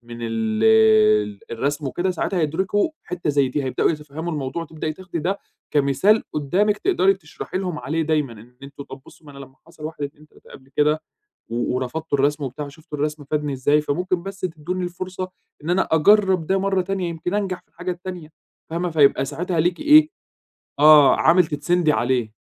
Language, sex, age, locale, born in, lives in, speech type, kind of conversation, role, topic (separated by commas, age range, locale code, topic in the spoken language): Arabic, male, 25-29, ar-EG, Egypt, Egypt, conversation, advice, advisor, إزاي أتعامل مع ضغط أهلي ومجتمعي إني أبقى على قدّ توقعاتهم من غير ما أخسر نفسي؟